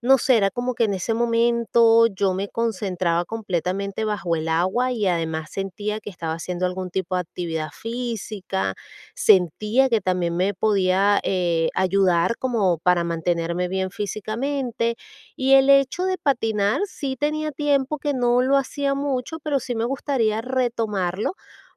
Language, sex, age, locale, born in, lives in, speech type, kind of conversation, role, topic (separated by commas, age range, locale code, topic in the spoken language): Spanish, female, 40-44, es-VE, Venezuela, United States, conversation, advice, user, ¿Cómo puedo encontrar tiempo para retomar mis pasatiempos?